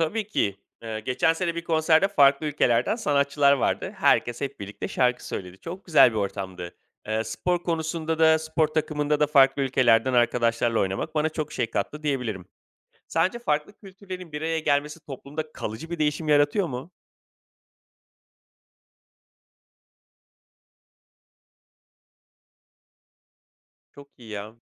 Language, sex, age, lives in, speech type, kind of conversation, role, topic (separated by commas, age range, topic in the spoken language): Turkish, male, 35-39, Greece, read, expert-assistant, asker, Şehirde farklı toplulukların bir arada huzurla yaşaması nasıl mümkün olur?